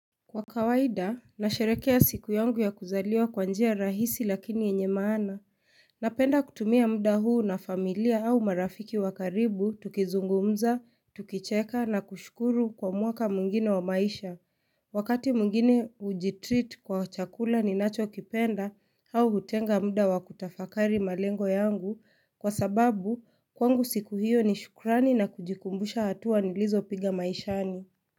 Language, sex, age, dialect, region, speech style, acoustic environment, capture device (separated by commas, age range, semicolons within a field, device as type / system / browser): Swahili, female, 25-34, Kenya - Nairobi Sheng-influenced, Kenya, relaxed, silence, mobile / Linux / Chrome